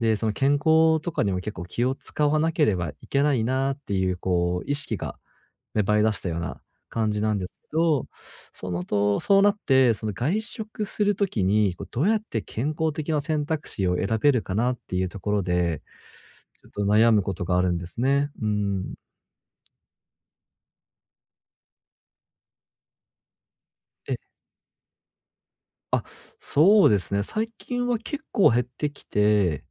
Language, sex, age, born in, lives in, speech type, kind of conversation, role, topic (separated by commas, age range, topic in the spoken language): Japanese, male, 30-34, Japan, Japan, conversation, advice, user, 外食するとき、どうすれば健康的な選択ができますか？